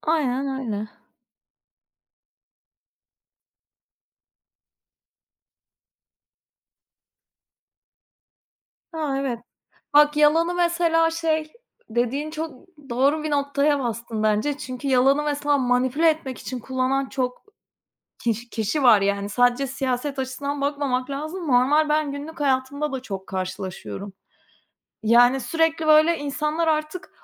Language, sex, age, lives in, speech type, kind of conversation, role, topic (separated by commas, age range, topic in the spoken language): Turkish, female, 30-34, Portugal, conversation, unstructured, none, Yalan söylemek her zaman kötü müdür?